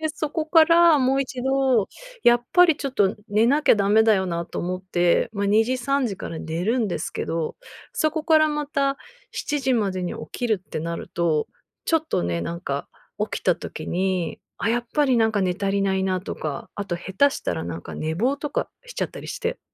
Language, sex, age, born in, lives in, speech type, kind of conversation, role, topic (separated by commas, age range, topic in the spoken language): Japanese, female, 40-44, Japan, United States, conversation, advice, user, 毎日のルーティンでやる気を保つにはどうすればよいですか？